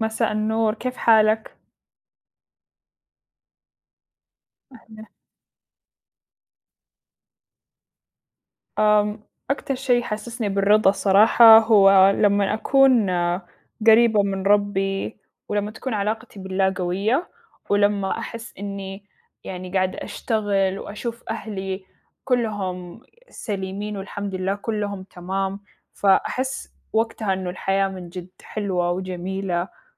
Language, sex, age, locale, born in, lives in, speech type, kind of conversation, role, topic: Arabic, female, 20-24, ar-SA, Saudi Arabia, United States, conversation, unstructured, none, إيه أكتر حاجة بتحسّسك بالرضا في حياتك؟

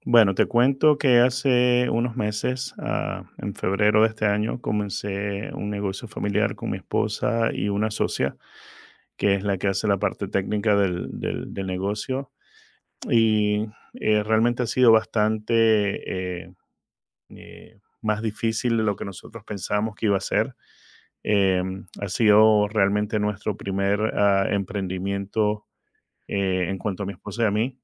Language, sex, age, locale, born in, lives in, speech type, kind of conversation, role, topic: Spanish, male, 50-54, es-VE, Venezuela, United States, conversation, advice, user, ¿Cómo vives la soledad y la falta de apoyo emocional al dirigir tu empresa?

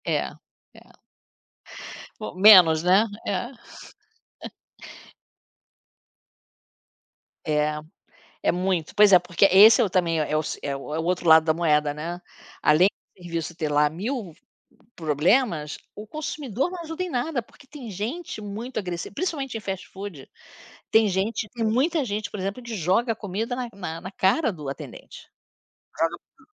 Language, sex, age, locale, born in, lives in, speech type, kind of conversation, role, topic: Portuguese, female, 60-64, pt-BR, Brazil, United States, conversation, unstructured, none, O que mais te deixa bravo em restaurantes de fast-food?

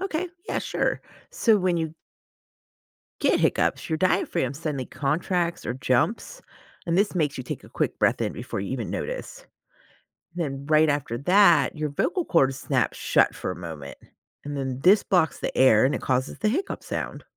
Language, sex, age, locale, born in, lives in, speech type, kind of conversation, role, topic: English, female, 40-44, en-US, United States, United States, read, expert-assistant, expert, What causes hiccups in humans?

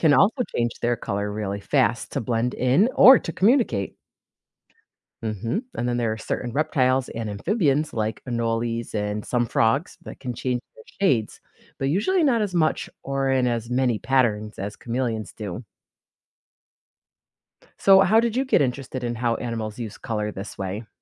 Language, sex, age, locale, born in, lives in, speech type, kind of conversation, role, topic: English, female, 40-44, en-US, United States, United States, read, expert-assistant, expert, What makes a chameleon change its color?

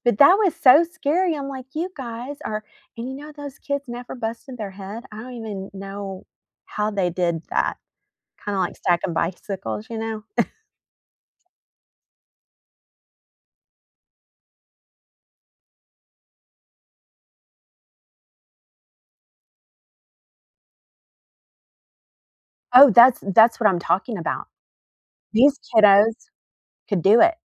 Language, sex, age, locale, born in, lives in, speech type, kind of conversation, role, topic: English, female, 45-49, en-US, United States, United States, conversation, unstructured, none, What is a childhood memory that always makes you smile?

